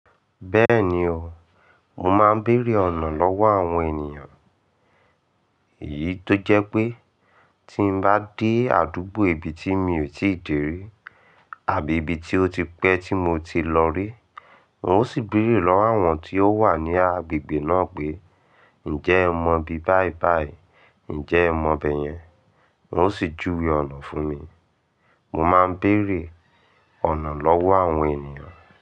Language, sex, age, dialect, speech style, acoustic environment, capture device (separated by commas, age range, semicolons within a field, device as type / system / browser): Yoruba, male, 25-34, Nigeria - Ibadan, excited, silence, mobile / Linux / Chrome